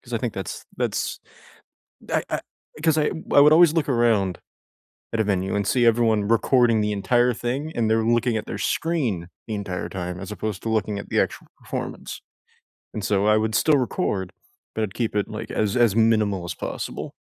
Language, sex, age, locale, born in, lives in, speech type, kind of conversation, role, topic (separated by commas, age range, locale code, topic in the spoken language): English, male, 20-24, en-US, United States, United States, conversation, unstructured, none, What was the best concert you’ve ever attended, and what unforgettable moment made it truly special for you?